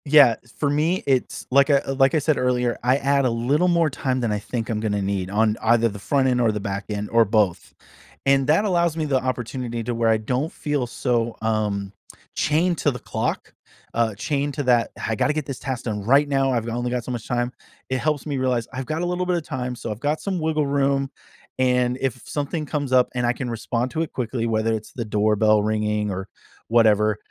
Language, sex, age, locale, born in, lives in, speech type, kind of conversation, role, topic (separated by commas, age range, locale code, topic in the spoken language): English, male, 40-44, en-US, United States, United States, conversation, podcast, guest, What helps people build and stick to healthy routines in daily life?